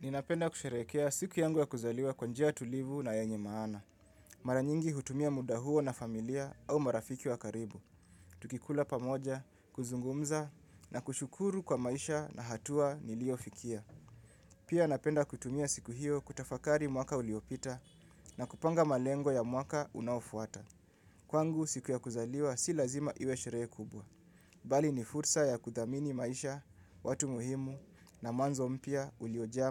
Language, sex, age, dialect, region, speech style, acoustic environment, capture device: Swahili, male, 25-34, Kenya - Nairobi Sheng-influenced, Kenya, relaxed; focused, music; silence; people talking; appliances, mobile / Linux / Chrome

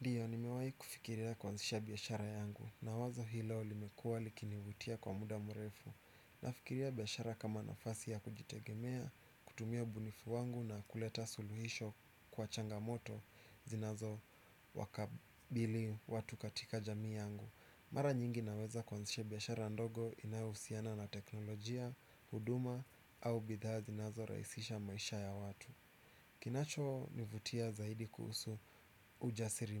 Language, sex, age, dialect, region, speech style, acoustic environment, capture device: Swahili, male, 25-34, Kenya - Nairobi Sheng-influenced, Kenya, relaxed; anxious, nature, desktop / Windows / Chrome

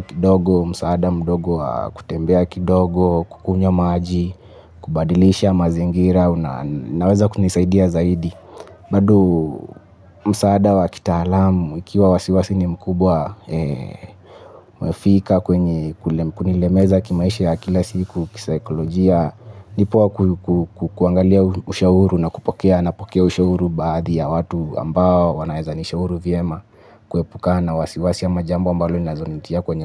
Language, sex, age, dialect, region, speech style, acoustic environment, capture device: Swahili, male, 25-34, Kenya - Mombasa, Kenya, focused, nature; people talking, mobile / Linux / Chrome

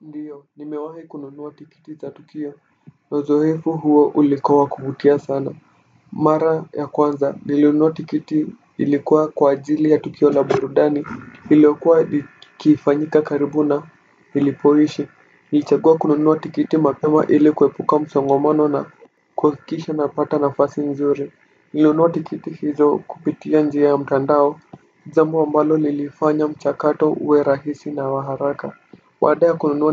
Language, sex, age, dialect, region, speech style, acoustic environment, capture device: Swahili, male, 18-24, Kenya - Nairobi Sheng-influenced, Kenya, relaxed, silence, desktop / Windows / Firefox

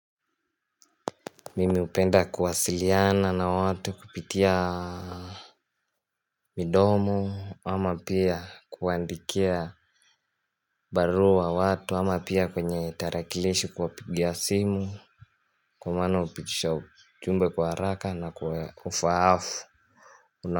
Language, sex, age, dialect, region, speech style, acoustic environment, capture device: Swahili, male, 18-24, Kenya - Mombasa, Kenya, happy, nature; silence, mobile / Linux / Chrome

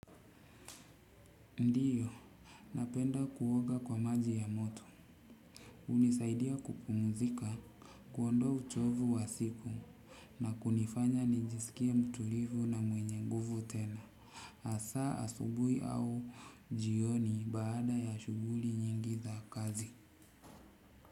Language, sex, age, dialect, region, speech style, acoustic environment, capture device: Swahili, male, 35-44, Kenya - Mombasa, Kenya, relaxed; focused, silence, desktop / Windows / Chrome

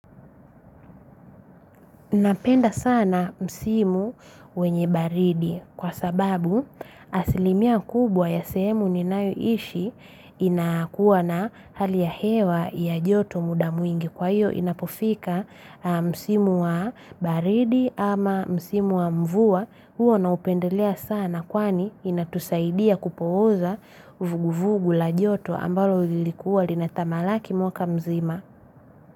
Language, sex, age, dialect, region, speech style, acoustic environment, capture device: Swahili, female, 25-34, Tanzania - Dar es Salaam, Tanzania, happy; relaxed; focused, silence, mobile / Linux / Chrome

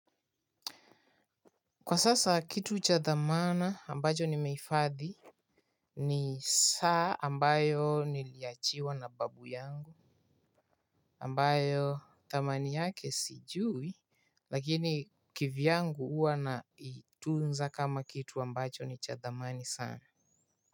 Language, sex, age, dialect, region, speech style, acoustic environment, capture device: Swahili, male, 18-24, Kenya - Nairobi Sheng-influenced, Kenya, relaxed, nature, mobile / Linux / Chrome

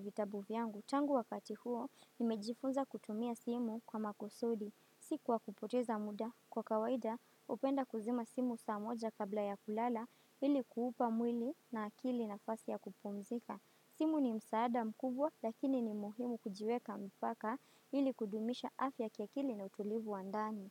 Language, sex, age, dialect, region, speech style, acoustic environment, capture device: Swahili, female, 18-24, Kenya - Mombasa, Kenya, happy, silence, desktop / Windows / Firefox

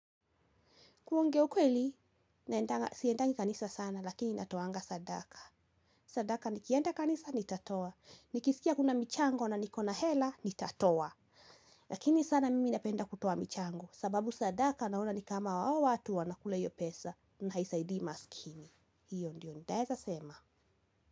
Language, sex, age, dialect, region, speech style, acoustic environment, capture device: Swahili, female, 18-24, Kenya - Kiambu, Kenya, relaxed, nature, mobile / Linux / Chrome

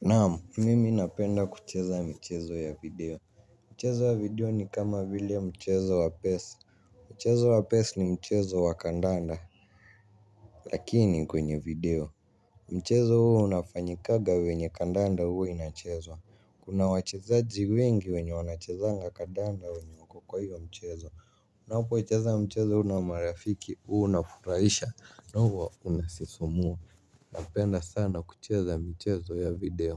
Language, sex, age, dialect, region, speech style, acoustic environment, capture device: Swahili, male, 18-24, Kenya - Nairobi Sheng-influenced, Kenya, happy; relaxed, people talking; music; silence; nature, mobile / Linux / Chrome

